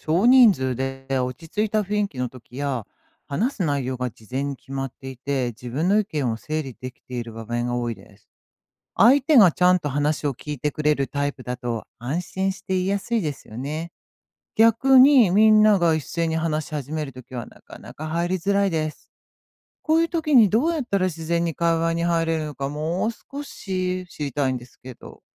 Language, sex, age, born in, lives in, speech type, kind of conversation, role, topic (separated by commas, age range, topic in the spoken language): Japanese, female, 55-59, Japan, United States, read, expert-assistant, asker, 幼少期の経験は大人になってからの自己主張やコミュニケーションに影響しますか？